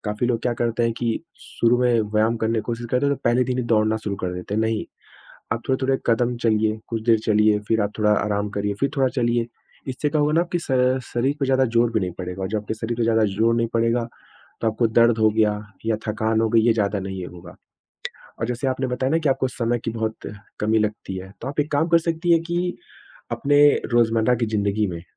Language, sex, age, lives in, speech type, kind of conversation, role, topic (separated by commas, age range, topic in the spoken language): Hindi, male, 25-29, India, conversation, advice, advisor, नई आदतें बनाने में बार-बार विफल होना